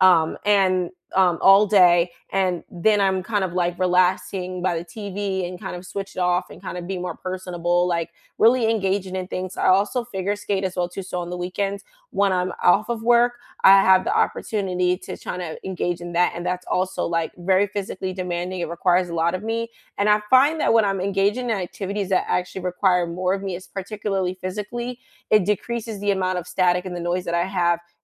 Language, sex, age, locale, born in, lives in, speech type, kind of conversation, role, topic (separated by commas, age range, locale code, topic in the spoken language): English, female, 25-29, en-US, United States, United States, conversation, unstructured, none, How do you recharge after a long, draining day, and what rituals help you feel restored?